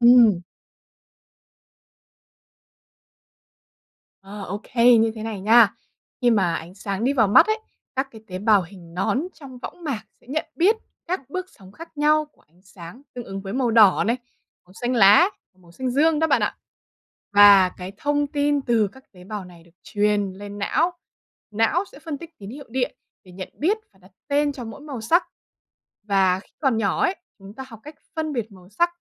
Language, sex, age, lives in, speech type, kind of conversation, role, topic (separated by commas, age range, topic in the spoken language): Vietnamese, female, 20-24, Vietnam, read, expert-assistant, expert, Làm thế nào não bộ phân biệt được màu sắc?